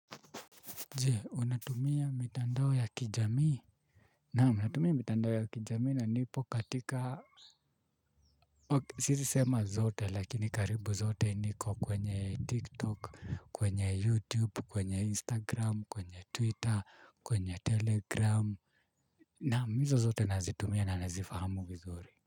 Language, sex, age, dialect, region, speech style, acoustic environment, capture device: Swahili, male, 25-34, Kenya - Nairobi Sheng-influenced, Kenya, relaxed, nature, mobile / Linux / Chrome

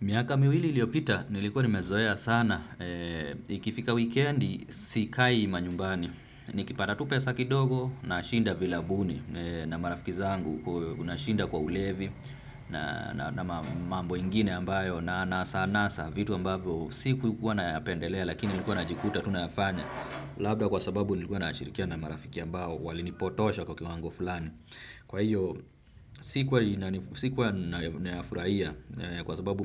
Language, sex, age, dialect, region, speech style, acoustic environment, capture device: Swahili, male, 25-34, Kenya - Nairobi Sheng-influenced, Kenya, excited, traffic, desktop / Windows / Firefox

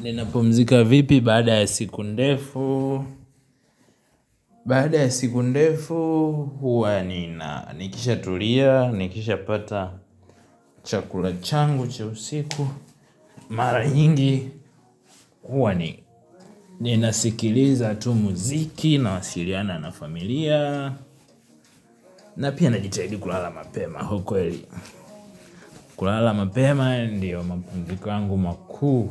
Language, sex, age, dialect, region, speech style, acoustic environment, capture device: Swahili, male, 18-24, Tanzania - Dar es Salaam, Tanzania, happy; relaxed, nature, mobile / Linux / Chrome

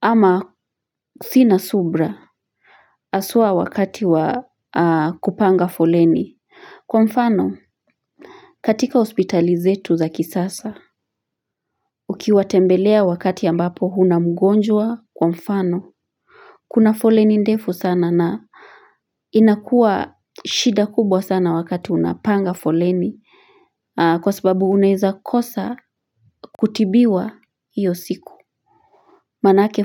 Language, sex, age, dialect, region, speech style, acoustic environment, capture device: Swahili, female, 35-44, Kenya - Nairobi Sheng-influenced, Kenya, relaxed, silence, mobile / Linux / Chrome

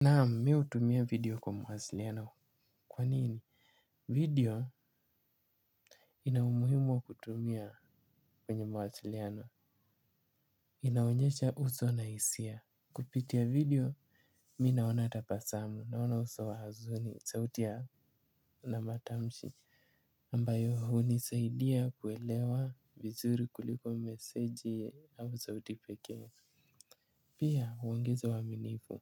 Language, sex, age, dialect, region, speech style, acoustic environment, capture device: Swahili, male, 18-24, Kenya - Mombasa, Kenya, focused, silence, mobile / Linux / Chrome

